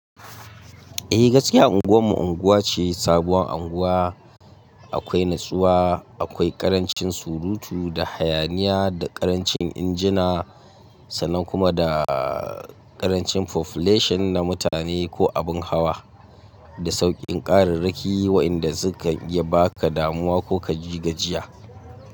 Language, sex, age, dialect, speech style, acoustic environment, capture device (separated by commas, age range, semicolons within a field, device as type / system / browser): Hausa, male, 25-34, Nigeria - Katsina, focused, silence, mobile / Linux / Chrome